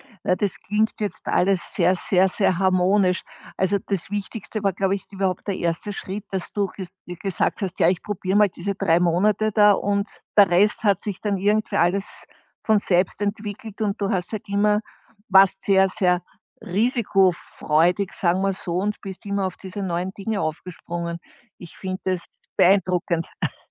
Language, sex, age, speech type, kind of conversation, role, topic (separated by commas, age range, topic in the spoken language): German, female, 60-64, conversation, podcast, host, Wann war dein mutigster Moment, und was hast du daraus gelernt?